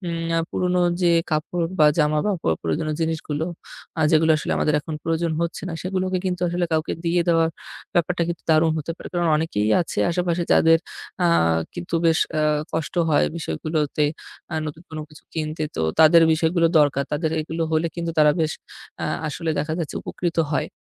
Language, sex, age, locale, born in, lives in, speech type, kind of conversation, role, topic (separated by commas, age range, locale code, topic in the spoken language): Bengali, male, 25-29, bn-BD, Bangladesh, Bangladesh, conversation, advice, user, আপনি কেন ঘরভর্তি জিনিসপত্র ফেলে দিতে পারছেন না?